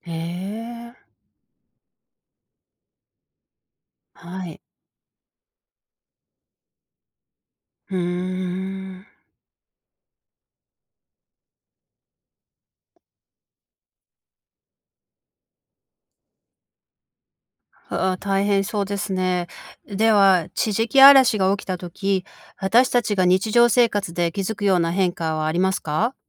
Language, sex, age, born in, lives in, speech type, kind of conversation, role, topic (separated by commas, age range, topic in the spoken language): Japanese, female, 45-49, Japan, United States, read, expert-assistant, asker, なぜオーロラが現れるのか